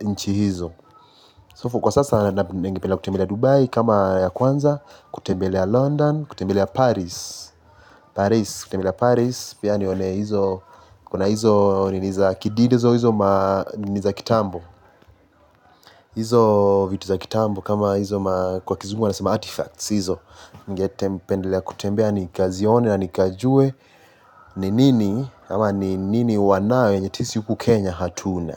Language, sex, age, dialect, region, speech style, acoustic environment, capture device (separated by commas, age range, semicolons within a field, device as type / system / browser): Swahili, male, 18-24, Kenya - Mombasa, Kenya, happy, appliances, mobile / Linux / Chrome